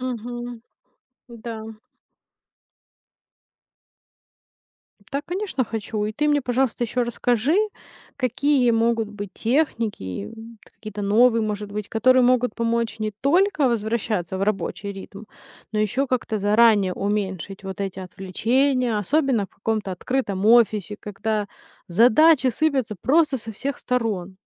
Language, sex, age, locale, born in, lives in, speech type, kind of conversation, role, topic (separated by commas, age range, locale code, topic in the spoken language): Russian, female, 30-34, ru-RU, Ukraine, United States, read, expert-assistant, asker, Правда ли, что многозадачность снижает продуктивность, или это преувеличенный миф?